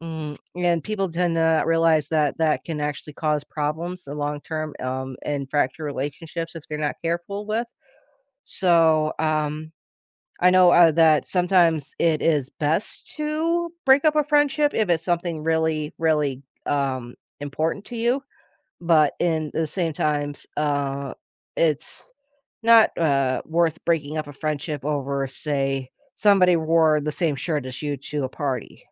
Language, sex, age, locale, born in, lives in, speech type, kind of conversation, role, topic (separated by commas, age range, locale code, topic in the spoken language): English, female, 35-39, en-US, United States, United States, conversation, unstructured, none, What’s the best way to handle disagreements with friends?